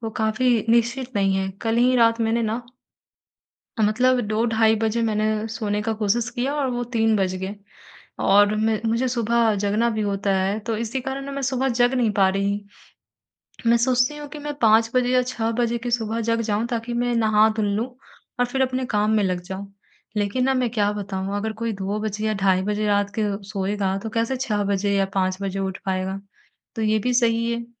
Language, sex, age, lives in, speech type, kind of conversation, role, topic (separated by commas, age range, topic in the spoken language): Hindi, female, 55-59, India, conversation, advice, user, तुरंत तनाव कम करने के लिए कौन-सी श्वसन तकनीक मदद करती है?